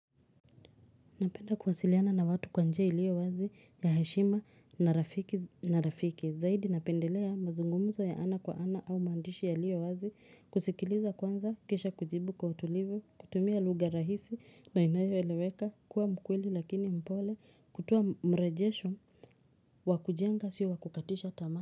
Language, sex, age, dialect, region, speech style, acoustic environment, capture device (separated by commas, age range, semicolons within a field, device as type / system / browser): Swahili, female, 25-34, Kenya - Nairobi Sheng-influenced, Kenya, relaxed, silence, mobile / Linux / Chrome